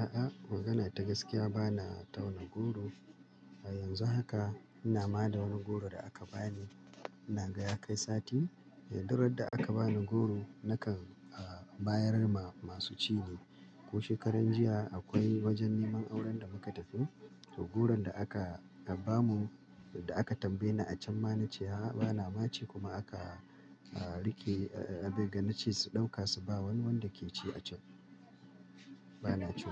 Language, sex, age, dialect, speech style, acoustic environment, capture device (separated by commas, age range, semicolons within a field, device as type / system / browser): Hausa, male, 35-44, Nigeria - Kano, relaxed; happy, silence, mobile / Linux / Chrome